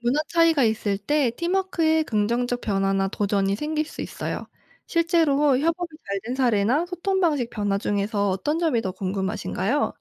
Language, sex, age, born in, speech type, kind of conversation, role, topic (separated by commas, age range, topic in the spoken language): Korean, female, 25-29, South Korea, read, expert-assistant, expert, 세계화라는 말을 들었을 때, 내 주변에서 직접 느낄 수 있는 사례를 어떻게 조사해 볼 수 있을까요?